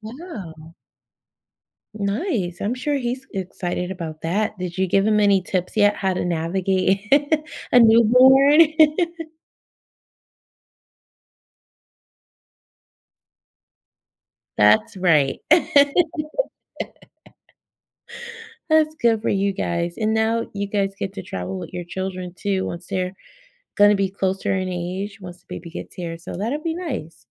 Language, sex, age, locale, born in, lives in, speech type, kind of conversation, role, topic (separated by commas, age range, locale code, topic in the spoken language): English, female, 40-44, en-US, United States, United States, conversation, advice, advisor, How do I plan a family vacation that keeps everyone happy and stays within budget?